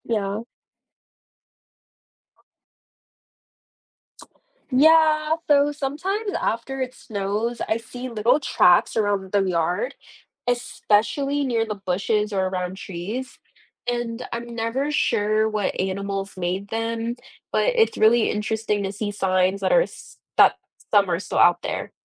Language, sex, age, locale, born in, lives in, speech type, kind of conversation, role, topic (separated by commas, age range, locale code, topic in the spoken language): English, female, 20-24, en-US, United States, United States, read, expert-assistant, asker, Why do some animals hibernate during the winter rather than staying active?